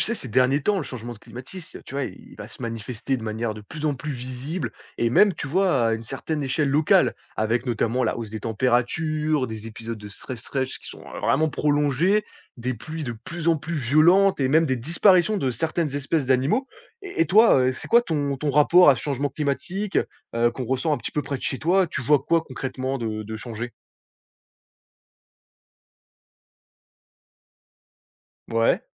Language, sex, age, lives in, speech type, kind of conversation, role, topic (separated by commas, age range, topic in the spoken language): French, male, 20-24, France, conversation, podcast, host, Quels changements liés au changement climatique observes-tu près de chez toi ?